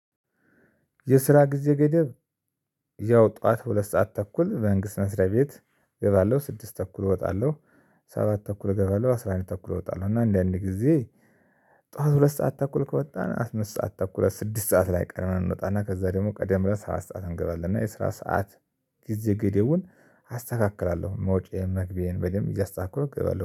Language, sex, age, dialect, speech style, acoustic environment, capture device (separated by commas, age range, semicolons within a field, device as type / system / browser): Amharic, male, 35-44, Ethiopia - Addis Ababa, excited, silence, mobile / Linux / Chrome